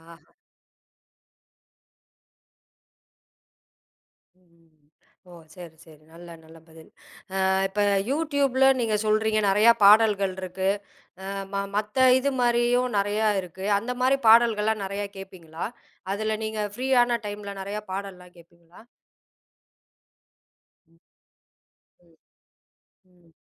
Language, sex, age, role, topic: Tamil, female, 40-44, host, ஒரு பாடலைக் கேட்கும் போது உங்களை முதலில் ஈர்ப்பது சொற்களா, அல்லது மெட்டா?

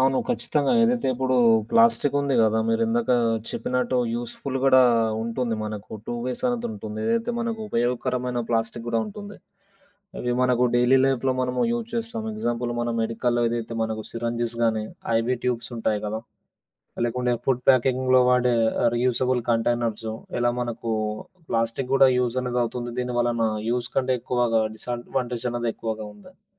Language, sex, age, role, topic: Telugu, male, 25-29, guest, ప్లాస్టిక్ కాలుష్యం గురించి మీ అభిప్రాయం ఏమిటి?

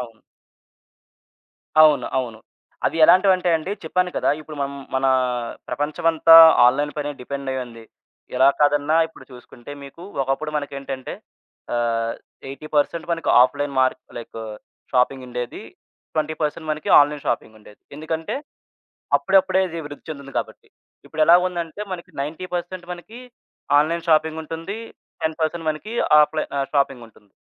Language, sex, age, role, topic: Telugu, male, 20-24, guest, ఆన్‌లైన్‌ షాపింగ్‌ చేస్తూ మీరు ఏ ఏ జాగ్రత్తలు తీసుకుంటారు?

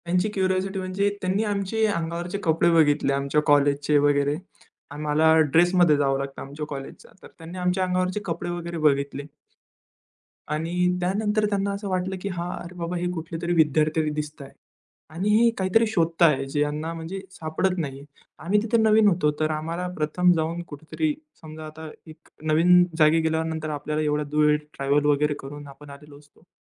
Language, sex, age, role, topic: Marathi, male, 20-24, guest, अज्ञात गावात तुम्ही सर्वात आधी काय कराल?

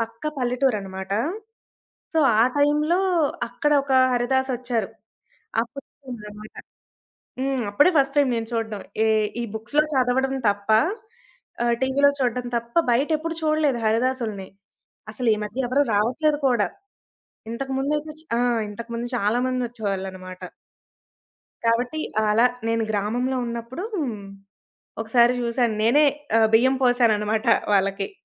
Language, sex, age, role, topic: Telugu, female, 20-24, guest, మీరు పండగలను ఎలా జరుపుకుంటారు?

